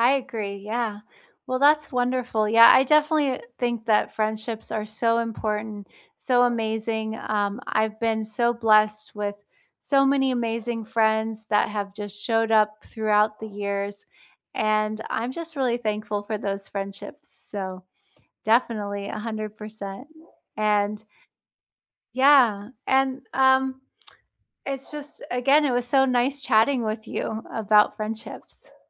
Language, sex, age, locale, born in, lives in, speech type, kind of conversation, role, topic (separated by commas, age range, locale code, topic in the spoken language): English, female, 35-39, en-US, United States, United States, conversation, unstructured, none, What do you think makes a friendship last over the years?